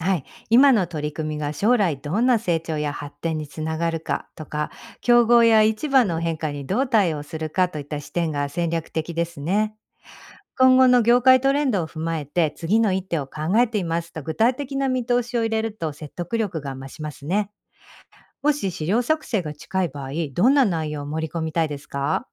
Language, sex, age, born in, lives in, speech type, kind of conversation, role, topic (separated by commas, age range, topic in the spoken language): Japanese, female, 45-49, Japan, United States, read, expert-assistant, expert, 戦略的思考力を高めるために日常的にできるトレーニング方法や習慣にはどんなものがありますか？